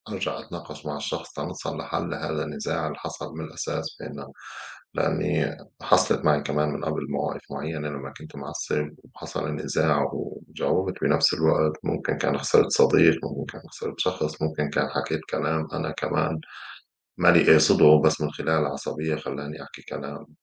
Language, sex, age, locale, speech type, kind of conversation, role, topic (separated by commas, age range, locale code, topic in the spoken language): Arabic, male, 35-39, ar-SY, conversation, unstructured, none, إيه الطرق اللي بتستخدمها عشان تهدي الأمور وقت الخِناق؟